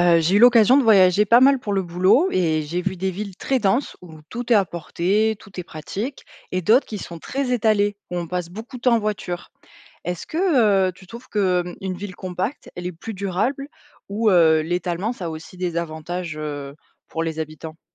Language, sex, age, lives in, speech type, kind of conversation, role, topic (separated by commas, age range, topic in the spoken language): French, female, 25-29, France, read, expert-assistant, asker, Les villes compactes sont-elles plus durables que les villes étalées ? Quels sont les avantages et inconvénients de chaque modèle pour les habitants ?